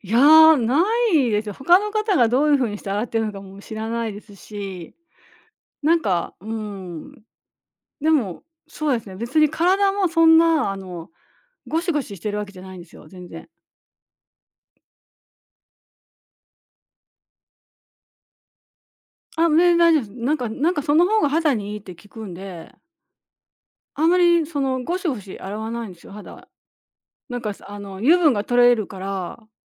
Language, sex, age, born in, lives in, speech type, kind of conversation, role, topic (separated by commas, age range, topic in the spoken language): Japanese, female, 60-64, Japan, Japan, conversation, podcast, guest, 仕事が終わったあと、気持ちを切り替えるために何をしていますか？